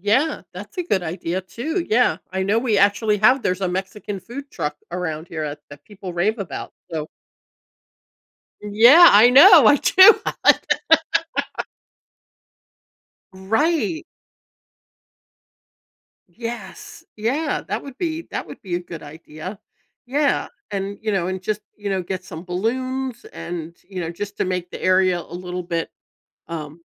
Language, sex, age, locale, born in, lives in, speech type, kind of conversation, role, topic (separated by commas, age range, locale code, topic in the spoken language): English, female, 60-64, en-US, United States, United States, conversation, advice, user, How can I plan a meaningful surprise for my partner?